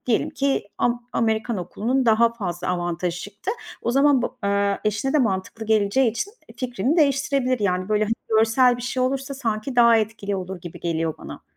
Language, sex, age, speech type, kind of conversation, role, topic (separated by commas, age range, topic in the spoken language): Turkish, female, 40-44, conversation, advice, advisor, Çocuk yetiştirme konusunda ebeveynler arasındaki fikir ayrılığını nasıl yönetebilirsiniz?